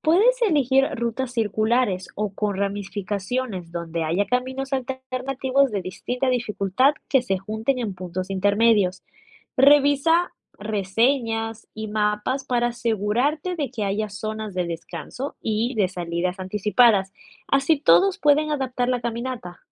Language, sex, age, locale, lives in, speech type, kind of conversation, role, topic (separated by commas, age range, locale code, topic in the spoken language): Spanish, female, 20-24, es-EC, United States, read, expert-assistant, expert, ¿Cómo puedo organizar una caminata en grupo cuando todos tienen diferentes niveles de experiencia y condición física?